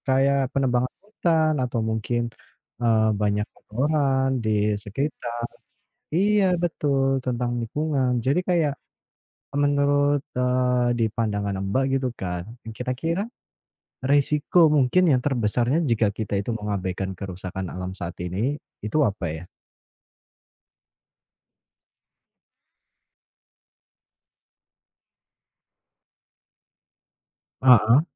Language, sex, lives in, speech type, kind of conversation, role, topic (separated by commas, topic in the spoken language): Indonesian, female, Indonesia, conversation, unstructured, none, Apa risiko terbesar jika kita mengabaikan kerusakan alam yang terjadi saat ini?